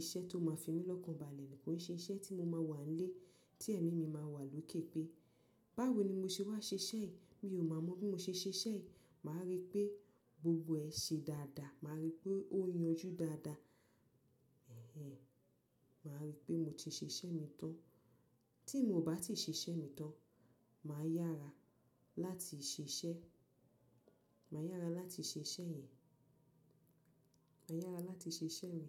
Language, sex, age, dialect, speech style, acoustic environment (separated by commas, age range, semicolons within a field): Yoruba, female, 25-34, Nigeria - Nigerian Standard, relaxed, people talking